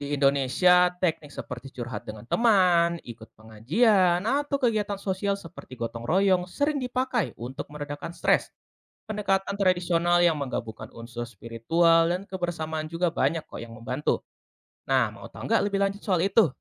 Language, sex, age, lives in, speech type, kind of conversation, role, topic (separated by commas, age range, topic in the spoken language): Indonesian, male, 20-24, Indonesia, read, expert-assistant, expert, Bagaimana cara memastikan keakuratan informasi tentang teknik manajemen stres yang beredar di media sosial?